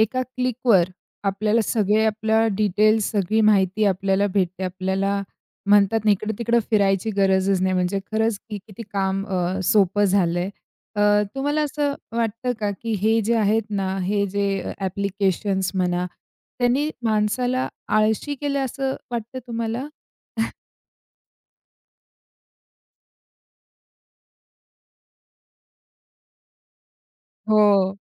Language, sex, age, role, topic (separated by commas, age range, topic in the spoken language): Marathi, female, 45-49, host, माहिती साठवायला आणि आठवण ठेवायला तुमची पद्धत काय आहे?